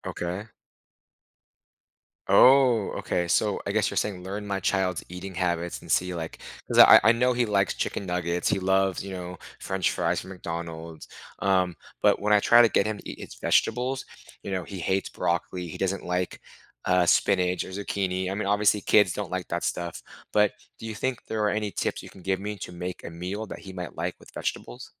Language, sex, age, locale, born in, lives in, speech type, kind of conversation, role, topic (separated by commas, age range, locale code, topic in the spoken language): English, male, 30-34, en-US, United States, United States, conversation, advice, user, How can I meal prep simple, healthy meals for busy weekdays?